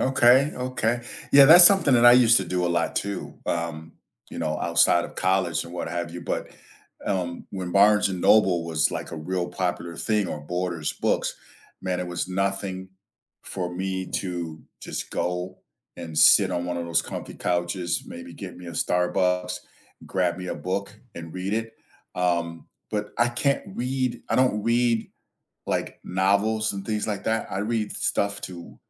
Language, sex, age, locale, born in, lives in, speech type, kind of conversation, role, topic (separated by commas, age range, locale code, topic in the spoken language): English, male, 60-64, en-US, United States, United States, conversation, unstructured, none, How do you recharge after a long week?